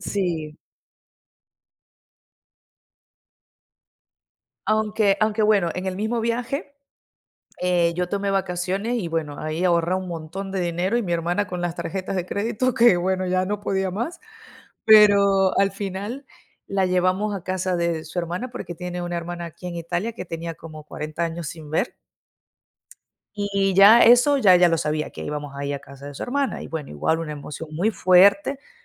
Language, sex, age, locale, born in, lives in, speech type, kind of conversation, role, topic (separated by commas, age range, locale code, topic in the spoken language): Spanish, female, 30-34, es-VE, Venezuela, Italy, conversation, unstructured, none, ¿Recuerdas alguna sorpresa que te haya dado tu familia?